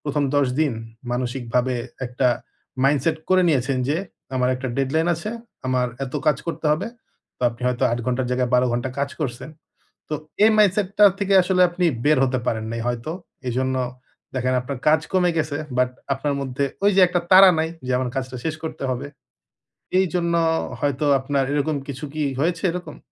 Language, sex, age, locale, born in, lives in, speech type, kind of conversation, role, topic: Bengali, male, 30-34, bn-BD, Bangladesh, Bangladesh, conversation, advice, advisor, মুড বা অনুপ্রেরণার ওঠানামা হলে আমি কীভাবে আমার অভ্যাস ধরে রাখতে পারি?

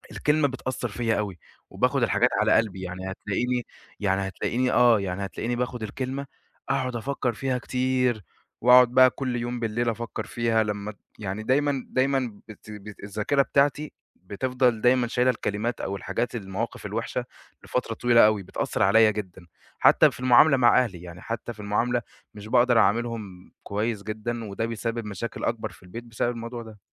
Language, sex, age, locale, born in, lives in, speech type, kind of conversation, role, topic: Arabic, male, 20-24, ar-EG, Egypt, Egypt, conversation, advice, user, إزاي بتتعامل مع توقعات أهلك عن شغلك علشان تنجح في وظيفة معينة؟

